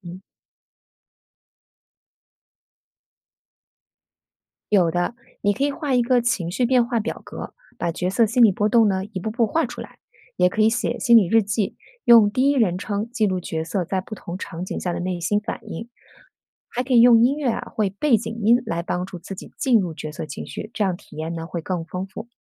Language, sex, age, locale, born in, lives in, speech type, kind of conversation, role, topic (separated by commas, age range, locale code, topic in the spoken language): Chinese, female, 40-44, zh-CN, China, United States, read, expert-assistant, expert, 如果我喜欢中外小说，有哪些方法可以让我更有趣地比较它们？